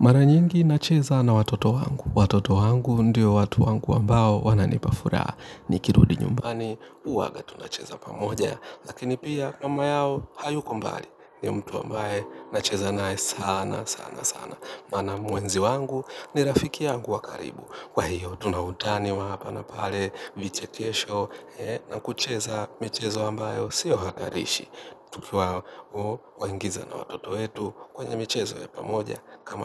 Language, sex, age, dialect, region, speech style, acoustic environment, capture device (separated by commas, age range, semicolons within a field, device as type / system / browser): Swahili, male, 25-34, Kenya - Nairobi Sheng-influenced, Kenya, relaxed; happy, nature; appliances, mobile / Linux / Chrome